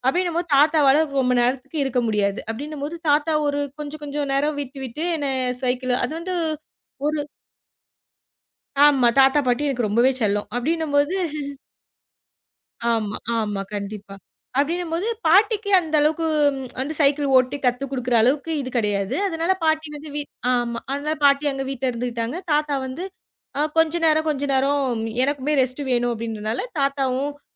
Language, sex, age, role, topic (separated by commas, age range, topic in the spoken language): Tamil, female, 25-29, guest, உங்கள் ஊரில் நீங்கள் எதிர்பாராத விதமாக அன்போ உதவியோ கிடைத்த ஒரு அனுபவத்தைப் பகிர முடியுமா?